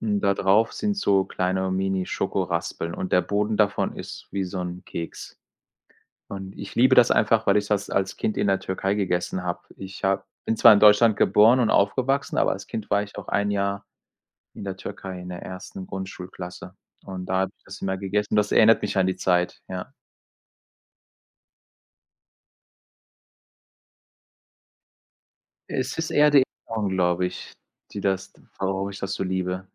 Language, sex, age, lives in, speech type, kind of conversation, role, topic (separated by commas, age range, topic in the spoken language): German, male, 45-49, Germany, conversation, unstructured, none, Was ist dein Lieblingsessen und warum magst du es so gerne?